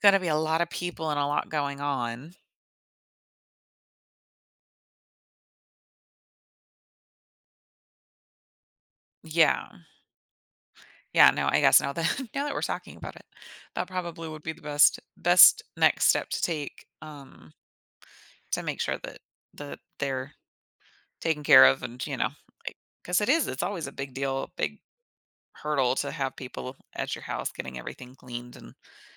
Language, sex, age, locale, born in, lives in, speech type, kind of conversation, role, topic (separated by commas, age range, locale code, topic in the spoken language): English, female, 35-39, en-US, United States, United States, conversation, advice, user, How can I be a better listener for my friends?